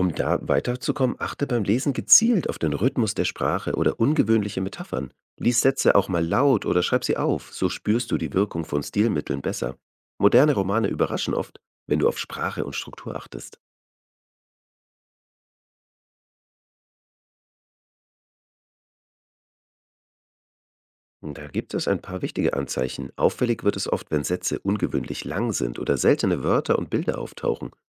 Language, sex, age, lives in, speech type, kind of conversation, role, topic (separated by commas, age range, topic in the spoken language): German, male, 35-39, Germany, read, expert-assistant, expert, Wie kann ich beim Lesen moderner Romane mehr Spaß haben und trotzdem Neues entdecken?